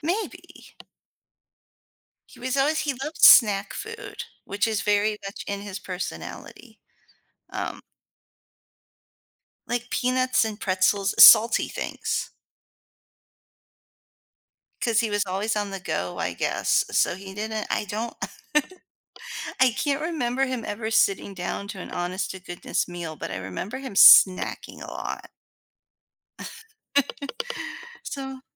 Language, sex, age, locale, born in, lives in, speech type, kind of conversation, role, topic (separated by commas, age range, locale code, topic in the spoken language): English, female, 40-44, en-US, United States, United States, conversation, advice, user, How can I cope with missing a family member who has passed away?